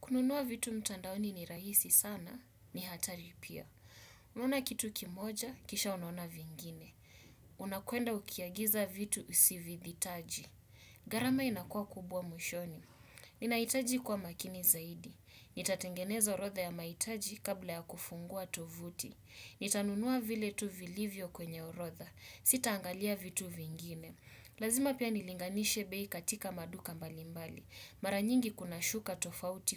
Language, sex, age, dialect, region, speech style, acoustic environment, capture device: Swahili, male, 25-34, Kenya - Mombasa, Kenya, focused, silence, desktop / Windows / Chrome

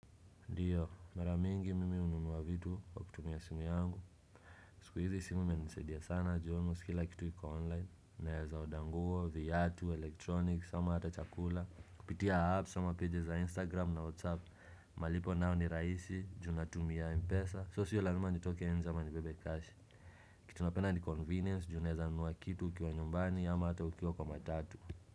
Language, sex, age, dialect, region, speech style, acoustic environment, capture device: Swahili, male, 25-34, Kenya - Nairobi Sheng-influenced, Kenya, relaxed, silence; nature, desktop / Windows / Chrome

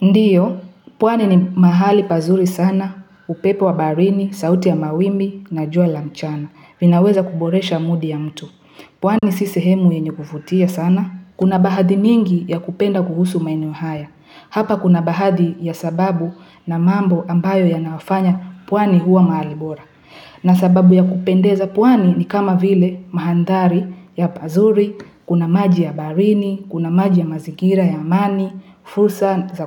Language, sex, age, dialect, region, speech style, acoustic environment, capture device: Swahili, female, 18-24, Kenya - Nairobi Sheng-influenced, Kenya, happy, people talking; music; traffic, mobile / Linux / Chrome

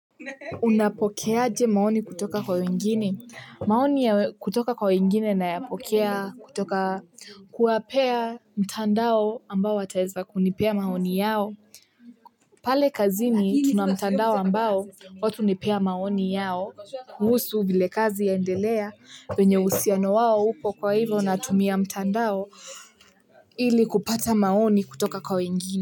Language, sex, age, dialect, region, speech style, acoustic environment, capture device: Swahili, female, 18-24, Kenya - Nairobi Sheng-influenced, Kenya, happy, silence, mobile / Linux / Chrome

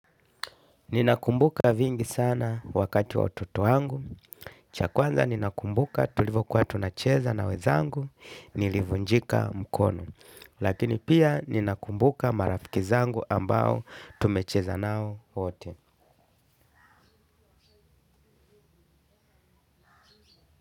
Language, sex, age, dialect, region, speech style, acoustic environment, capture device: Swahili, male, 35-44, Kenya - Mombasa, Kenya, happy, people talking, desktop / Linux / Chrome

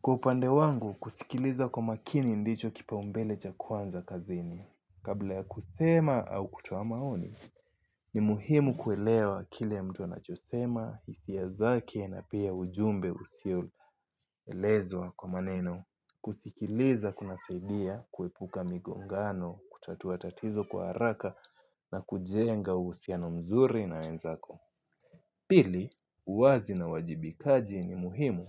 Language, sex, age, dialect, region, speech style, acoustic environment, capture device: Swahili, male, 18-24, Kenya - Mombasa, Kenya, happy, nature, mobile / Linux / Chrome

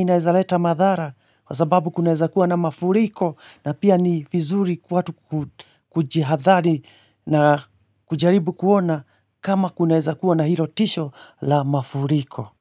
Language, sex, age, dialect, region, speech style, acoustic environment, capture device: Swahili, male, 45-54, Kenya - Nairobi Sheng-influenced, Kenya, relaxed, silence, mobile / Linux / Chrome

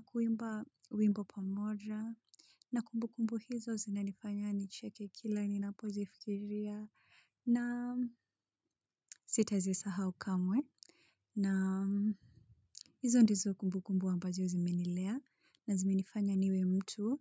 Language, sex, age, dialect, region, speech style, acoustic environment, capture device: Swahili, female, 25-34, Kenya - Nairobi Sheng-influenced, Kenya, relaxed; focused, silence; people talking; construction, mobile / Linux / Chrome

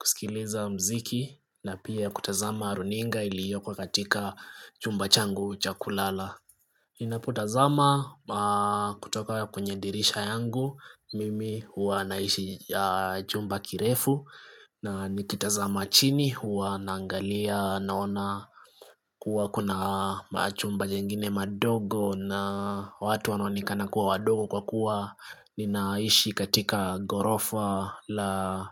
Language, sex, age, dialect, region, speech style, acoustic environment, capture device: Swahili, male, 25-34, Kenya - Nairobi Sheng-influenced, Kenya, relaxed, nature; silence, desktop / Linux / Chrome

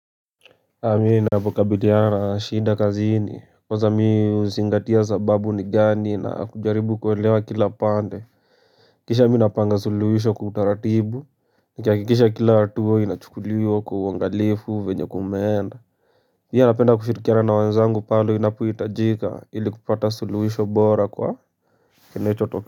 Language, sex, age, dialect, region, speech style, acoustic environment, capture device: Swahili, male, 18-24, Kenya - Nairobi Sheng-influenced, Kenya, focused, silence, mobile / Linux / Chrome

